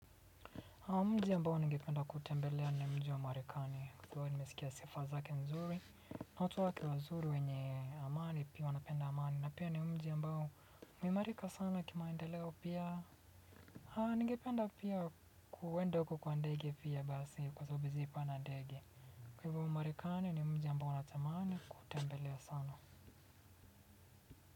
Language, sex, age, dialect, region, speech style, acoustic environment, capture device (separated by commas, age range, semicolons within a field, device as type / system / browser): Swahili, male, 25-34, Kenya - Mombasa, Kenya, relaxed, silence, desktop / Windows / Chrome